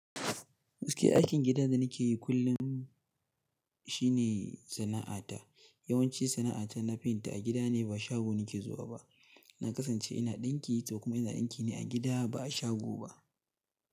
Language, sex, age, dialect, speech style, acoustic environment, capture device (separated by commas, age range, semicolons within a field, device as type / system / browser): Hausa, male, 18-24, Nigeria - Katsina, happy, nature; silence, mobile / Linux / Chrome